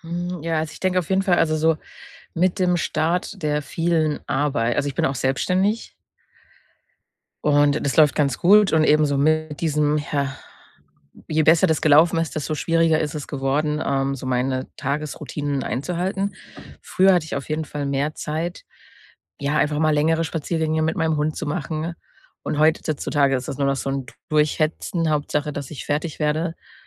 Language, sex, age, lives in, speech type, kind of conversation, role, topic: German, female, 30-34, Germany, conversation, advice, user, Wie kann ich eine nachhaltige Tagesstruktur und passende Erholungsrituale finden, wenn mir das schwerfällt?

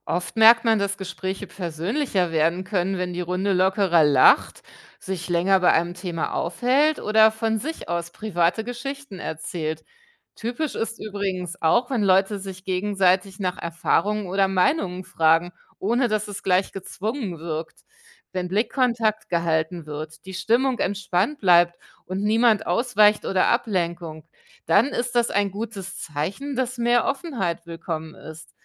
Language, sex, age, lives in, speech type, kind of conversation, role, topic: German, female, 45-49, Germany, read, expert-assistant, expert, Wie kann man die kulturelle Vielfalt einer Stadt beim gemeinsamen Essen entdecken?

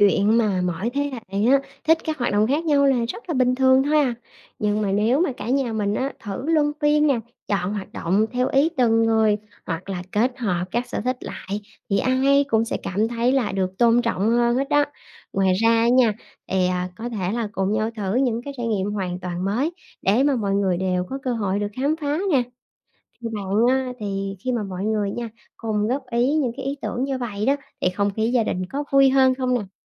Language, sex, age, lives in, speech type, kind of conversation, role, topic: Vietnamese, female, 30-34, Vietnam, read, expert-assistant, expert, Làm thế nào để các thế hệ trong gia đình Việt Nam luôn gắn bó với nhau?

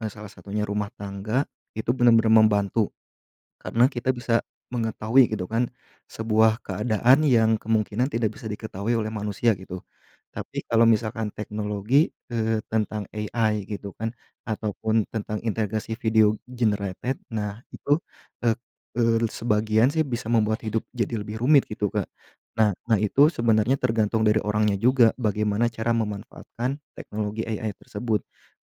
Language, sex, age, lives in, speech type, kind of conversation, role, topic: Indonesian, male, 30-34, Indonesia, conversation, unstructured, none, Inovasi teknologi apa yang paling kamu nantikan?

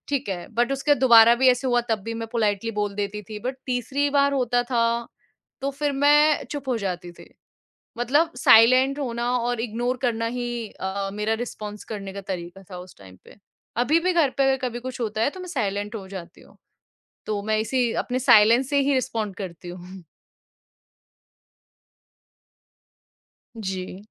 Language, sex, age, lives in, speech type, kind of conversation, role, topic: Hindi, female, 25-29, India, conversation, podcast, guest, Koi beech mein baat kaat de toh tum kaise respond karte ho?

